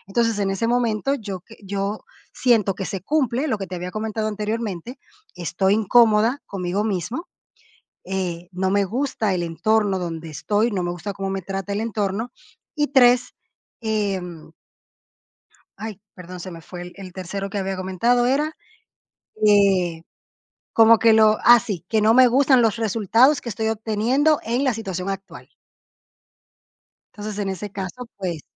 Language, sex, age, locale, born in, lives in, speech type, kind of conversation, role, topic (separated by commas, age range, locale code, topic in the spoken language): Spanish, female, 30-34, es-DO, Dominican Republic, Portugal, conversation, podcast, guest, ¿Cómo sabes cuándo es momento de cambiar algo en tu vida?